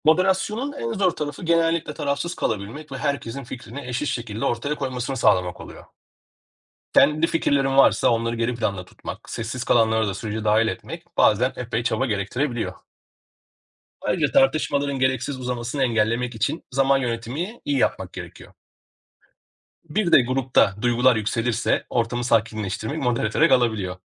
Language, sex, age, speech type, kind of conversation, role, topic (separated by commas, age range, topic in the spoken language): Turkish, male, 40-44, read, expert-assistant, expert, Grup tatilleri daha adil ve esnek bir şekilde nasıl planlanabilir?